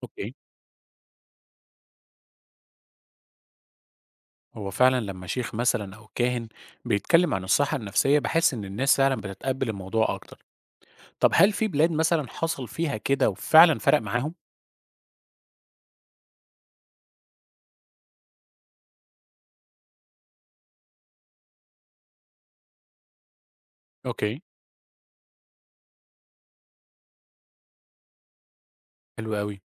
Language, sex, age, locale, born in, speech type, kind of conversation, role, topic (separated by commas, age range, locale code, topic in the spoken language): Arabic, male, 30-34, ar-EG, Egypt, read, expert-assistant, asker, ليه الناس في مجتمعات مختلفة بتتعامل مع موضوع الصحة النفسية بطرق متباينة؟ يعني إيه اللي بيخلي بعض الثقافات تعتبر العلاج النفسي عيب أو وصمة، وأماكن تانية بتشجعه كأنه عادي زي أي دكتور؟